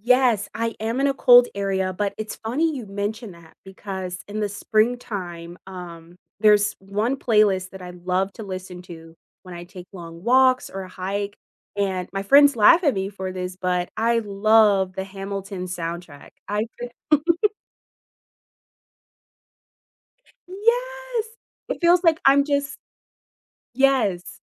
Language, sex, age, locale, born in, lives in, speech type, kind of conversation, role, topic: English, female, 25-29, en-US, United States, United States, conversation, unstructured, none, What’s one surprising way music can impact your workout?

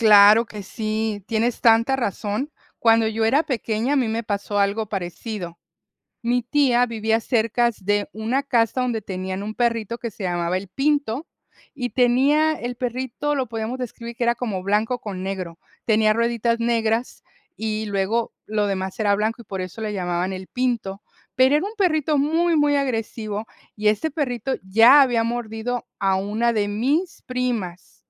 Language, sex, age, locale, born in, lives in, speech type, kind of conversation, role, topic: Spanish, female, 55-59, es-MX, United States, United States, conversation, unstructured, none, ¿Te preocuparía que un animal de tu vecindario fuera agresivo?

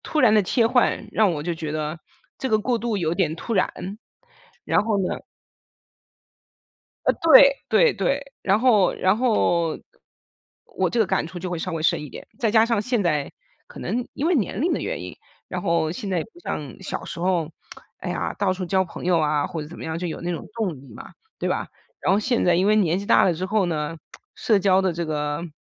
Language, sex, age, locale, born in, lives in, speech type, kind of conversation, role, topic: Chinese, female, 40-44, zh-CN, China, United States, conversation, advice, user, 我剛搬到新國家，常想家又很焦慮，該怎麼調適呢？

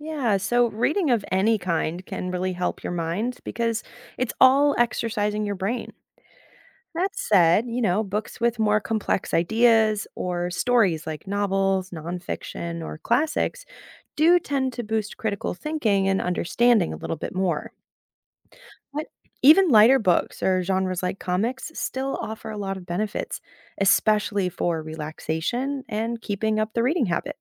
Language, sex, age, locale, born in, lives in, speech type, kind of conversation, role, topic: English, female, 30-34, en-US, United States, United States, read, expert-assistant, expert, What are the benefits of reading books regularly?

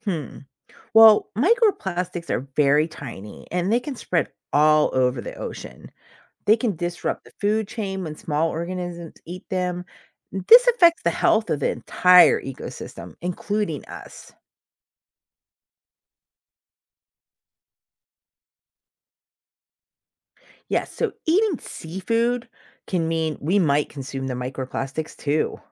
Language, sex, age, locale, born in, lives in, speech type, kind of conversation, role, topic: English, female, 40-44, en-US, United States, United States, read, expert-assistant, expert, How does plastic pollution affect marine life?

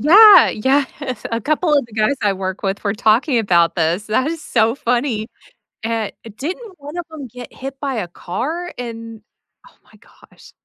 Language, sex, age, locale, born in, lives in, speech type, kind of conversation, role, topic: English, female, 35-39, en-US, United States, United States, conversation, unstructured, none, What good stories have you heard in the news lately?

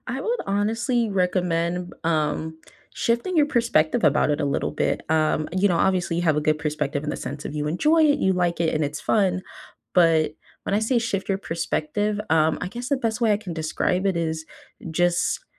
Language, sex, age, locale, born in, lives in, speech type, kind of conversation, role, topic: English, female, 20-24, en-US, United States, United States, conversation, unstructured, none, If time and money were no object, what dream skill would you pursue—and why?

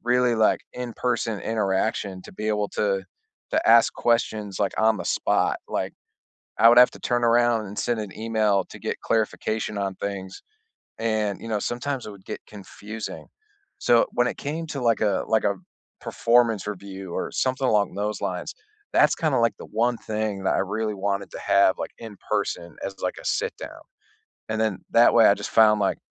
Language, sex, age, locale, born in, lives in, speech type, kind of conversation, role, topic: English, male, 35-39, en-US, United States, United States, conversation, unstructured, none, How do you navigate different communication styles with care and curiosity to strengthen connection?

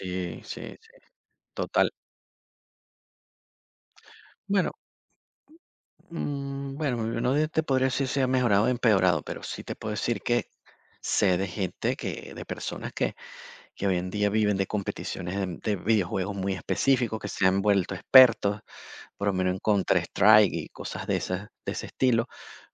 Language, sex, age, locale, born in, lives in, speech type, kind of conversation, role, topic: Spanish, male, 50-54, es-VE, Venezuela, Portugal, conversation, podcast, guest, ¿Cuál fue tu primera experiencia con los videojuegos y cómo la recuerdas?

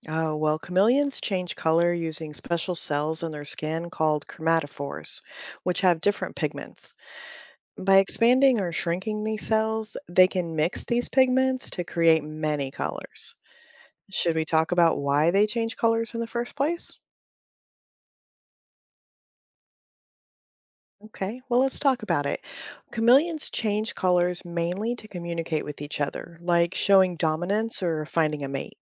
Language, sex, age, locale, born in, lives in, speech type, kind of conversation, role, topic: English, female, 45-49, en-US, United States, United States, read, expert-assistant, expert, How do chameleons change color?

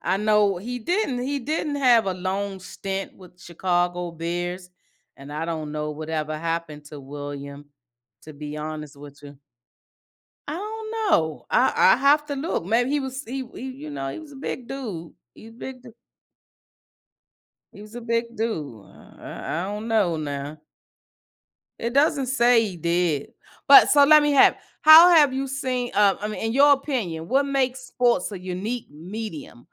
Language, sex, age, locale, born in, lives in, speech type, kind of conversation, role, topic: English, female, 55-59, en-US, United States, United States, conversation, podcast, host, Why do you think sports have the power to unite people from different backgrounds?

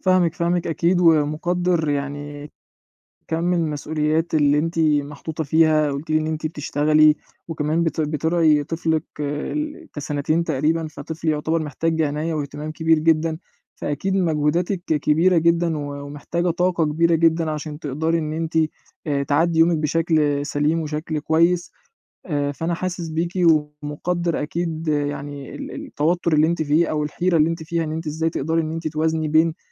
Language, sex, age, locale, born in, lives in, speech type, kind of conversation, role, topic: Arabic, male, 20-24, ar-EG, Egypt, Egypt, conversation, advice, advisor, إزاي أقدر أوازن بين رعايتي للناس واهتمامي بنفسي؟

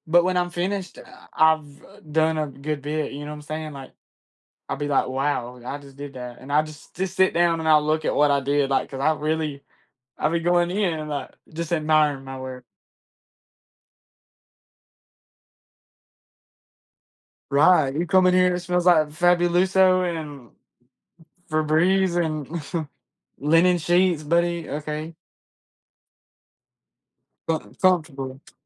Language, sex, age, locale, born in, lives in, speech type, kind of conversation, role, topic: English, male, 20-24, en-US, United States, United States, conversation, unstructured, none, What is your go-to way to unwind after a busy day?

